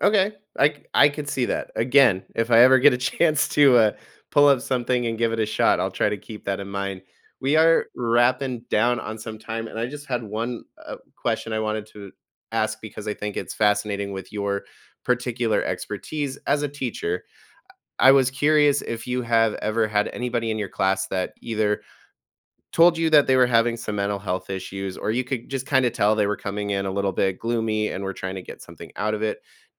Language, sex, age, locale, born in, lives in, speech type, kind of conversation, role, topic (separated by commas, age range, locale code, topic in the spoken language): English, male, 30-34, en-US, United States, United States, conversation, podcast, host, In what ways can regular exercise support better mental health and well-being?